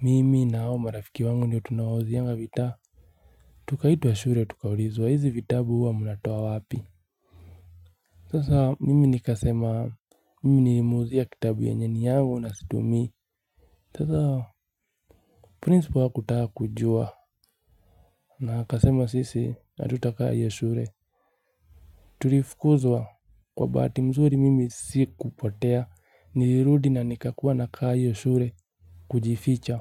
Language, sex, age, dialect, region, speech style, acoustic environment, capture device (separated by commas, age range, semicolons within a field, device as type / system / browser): Swahili, male, 25-34, Kenya - Nairobi Sheng-influenced, Kenya, relaxed, silence, mobile / Linux / Chrome